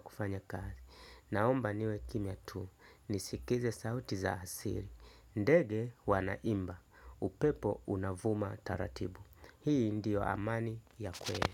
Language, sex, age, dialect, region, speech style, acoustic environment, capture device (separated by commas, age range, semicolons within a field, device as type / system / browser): Swahili, male, 35-44, Tanzania - Dar es Salaam, Tanzania, happy, people talking, desktop / Linux / Chrome